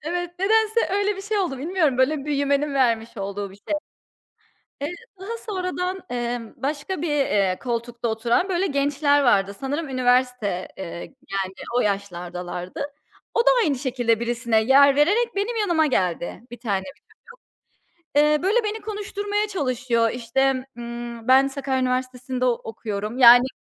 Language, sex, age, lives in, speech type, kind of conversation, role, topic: Turkish, female, 30-34, United States, conversation, podcast, guest, Hayatında aldığın en iyi öğüt neydi?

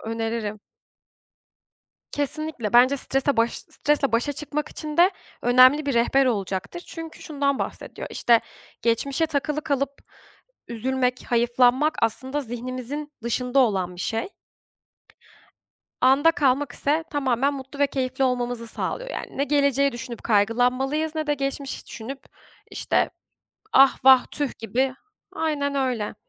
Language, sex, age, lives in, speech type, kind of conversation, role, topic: Turkish, female, 25-29, Germany, conversation, unstructured, none, Stresle başa çıkmanın en etkili yolu nedir?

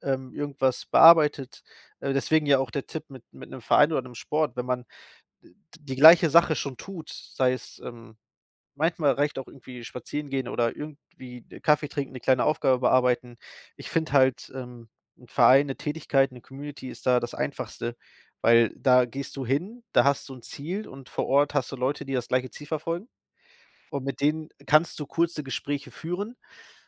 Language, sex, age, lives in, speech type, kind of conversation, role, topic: German, male, 30-34, Germany, conversation, advice, advisor, Wie kann ich nach einem Umzug neue Freunde finden?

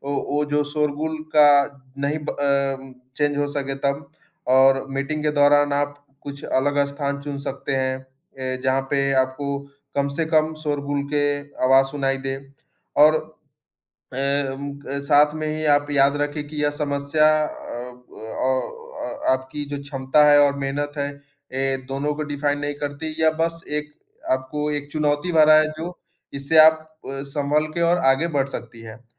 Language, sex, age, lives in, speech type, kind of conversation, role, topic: Hindi, male, 20-24, India, conversation, advice, advisor, क्या आप शोर-शराबे या ध्यान भटकाने वाली चीज़ों की वजह से ध्यान केंद्रित नहीं कर पा रहे हैं?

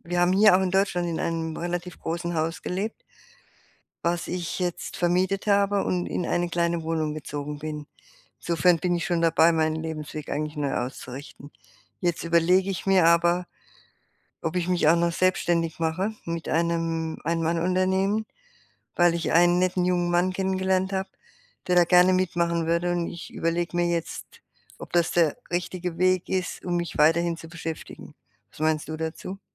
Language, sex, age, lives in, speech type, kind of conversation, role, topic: German, female, 60-64, Germany, conversation, advice, user, Wie finde ich heraus, ob ich meinen Lebensweg neu ausrichten sollte?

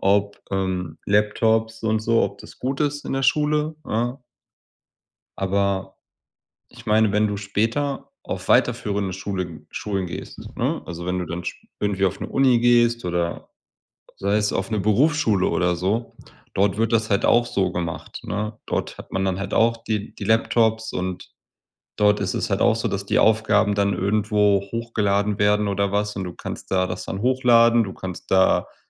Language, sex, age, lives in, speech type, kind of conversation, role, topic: German, male, 30-34, Germany, conversation, unstructured, none, Ist das Schulsystem deiner Meinung nach zu altmodisch?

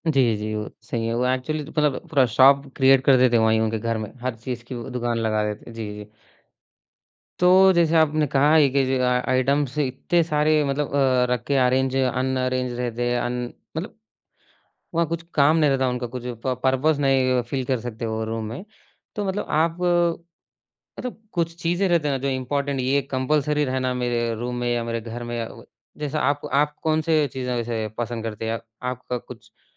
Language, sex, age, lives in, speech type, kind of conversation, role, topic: Hindi, male, 20-24, India, conversation, podcast, host, Kaun si cheez ghar ko turant apna-sa aur khushnuma bana deti hai?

